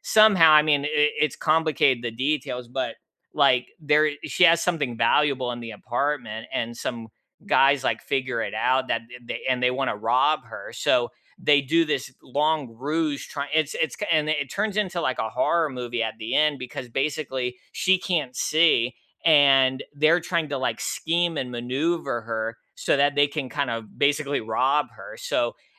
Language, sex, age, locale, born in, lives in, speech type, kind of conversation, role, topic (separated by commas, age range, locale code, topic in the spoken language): English, male, 35-39, en-US, United States, United States, conversation, unstructured, none, Which recent movie unexpectedly delighted you, and what about it surprised you most?